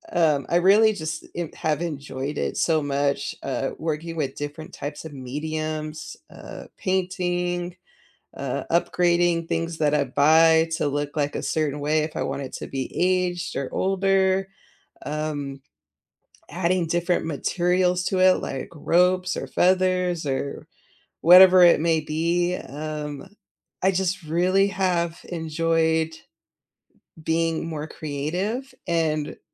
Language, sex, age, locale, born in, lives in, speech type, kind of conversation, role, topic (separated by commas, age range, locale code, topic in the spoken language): English, female, 35-39, en-US, United States, United States, conversation, unstructured, none, What hobby surprised you by how much you enjoyed it?